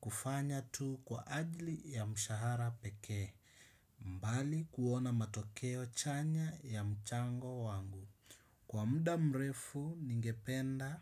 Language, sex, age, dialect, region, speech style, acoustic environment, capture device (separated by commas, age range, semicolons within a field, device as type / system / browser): Swahili, male, 25-34, Kenya - Mombasa, Kenya, happy, silence, desktop / Windows / Chrome